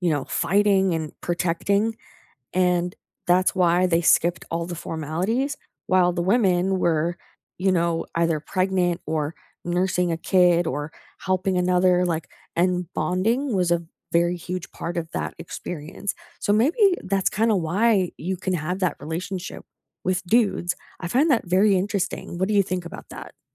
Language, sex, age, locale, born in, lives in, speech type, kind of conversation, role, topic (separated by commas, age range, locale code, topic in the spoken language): English, female, 25-29, en-US, Canada, United States, conversation, unstructured, none, How did you make friends at school, and what helped you fit in?